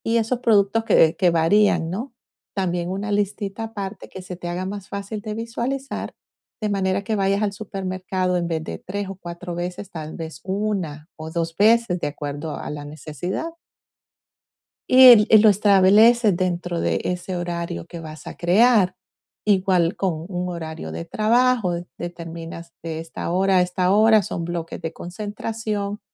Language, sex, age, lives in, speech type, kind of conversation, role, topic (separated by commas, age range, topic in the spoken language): Spanish, female, 45-49, United States, conversation, advice, advisor, ¿Cómo puedo crear rutinas y respetar límites de tiempo?